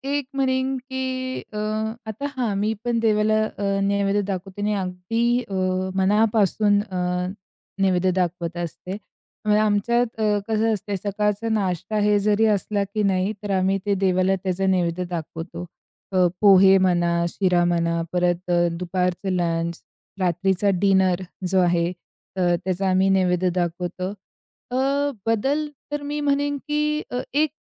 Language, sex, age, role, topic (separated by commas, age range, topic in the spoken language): Marathi, female, 45-49, guest, देवाला अन्न अर्पण करण्याची तुमची परंपरा काय आहे?